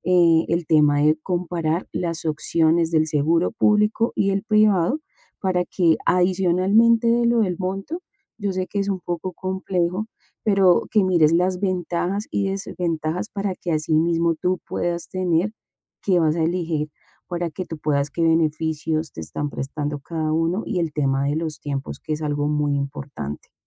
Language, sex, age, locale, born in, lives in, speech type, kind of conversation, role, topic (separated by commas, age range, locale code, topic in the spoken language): Spanish, female, 40-44, es-CO, Colombia, Spain, conversation, advice, advisor, ¿Cómo puedo acceder a atención médica y obtener un seguro local después de mudarme al país?